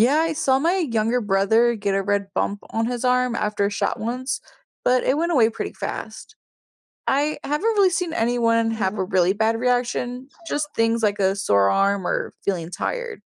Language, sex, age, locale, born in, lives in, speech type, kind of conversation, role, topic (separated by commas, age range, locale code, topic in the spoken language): English, female, 20-24, en-US, United States, United States, read, expert-assistant, asker, How do vaccines work to prevent the spread of illness?